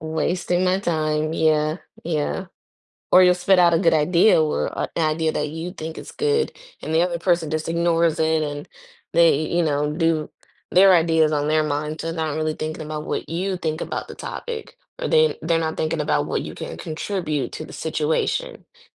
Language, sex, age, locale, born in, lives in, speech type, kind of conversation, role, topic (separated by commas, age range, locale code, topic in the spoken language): English, female, 25-29, en-US, United States, United States, conversation, unstructured, none, Would you rather always speak your mind or never speak again?